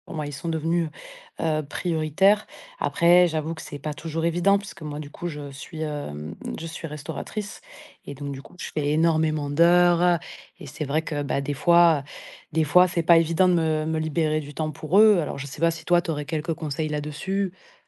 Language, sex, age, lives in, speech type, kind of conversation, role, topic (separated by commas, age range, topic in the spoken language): French, female, 30-34, France, conversation, advice, user, Comment le deuil vous pousse-t-il à réévaluer le sens de votre vie et vos priorités ?